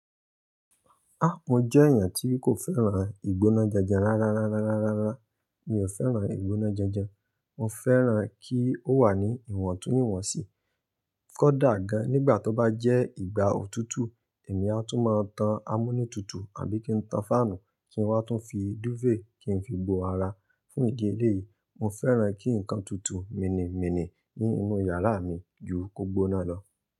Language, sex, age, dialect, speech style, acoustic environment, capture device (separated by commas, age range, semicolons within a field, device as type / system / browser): Yoruba, male, 25-34, Nigeria - Ibadan, happy, nature, mobile / Linux / Chrome